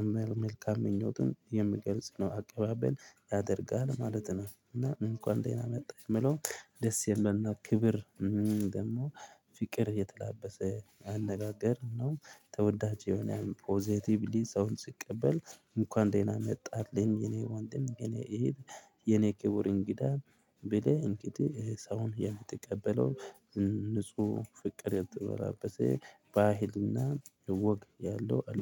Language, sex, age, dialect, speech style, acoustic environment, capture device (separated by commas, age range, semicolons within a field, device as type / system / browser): Amharic, male, 25-34, Ethiopia - Addis Ababa, happy, people talking; traffic; nature; construction, mobile / Linux / Chrome